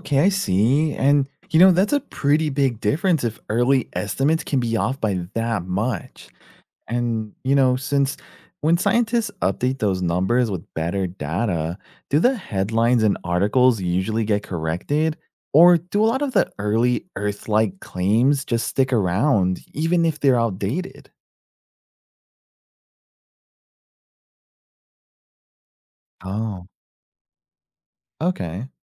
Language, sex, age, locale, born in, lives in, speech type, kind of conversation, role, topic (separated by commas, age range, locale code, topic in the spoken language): English, male, 30-34, en-US, United States, United States, read, expert-assistant, asker, How can I tell whether information about exoplanets is reliable or just speculation?